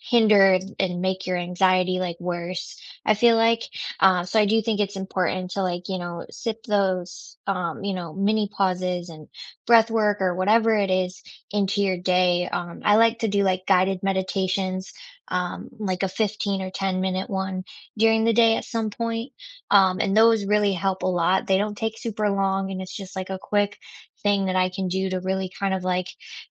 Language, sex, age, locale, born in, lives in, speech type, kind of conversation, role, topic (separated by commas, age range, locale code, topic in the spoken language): English, female, 25-29, en-US, United States, United States, conversation, unstructured, none, What mindfulness moments do you sneak into your day, and are quick check-ins truly helpful or just added pressure?